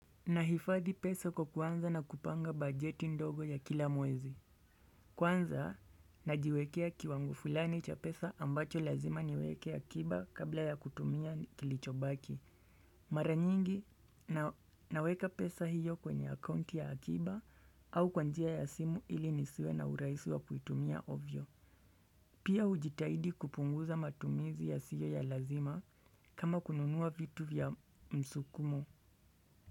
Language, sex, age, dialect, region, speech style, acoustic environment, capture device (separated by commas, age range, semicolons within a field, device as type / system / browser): Swahili, male, 25-34, Kenya - Nairobi Sheng-influenced, Kenya, relaxed; focused, silence; nature, desktop / Windows / Chrome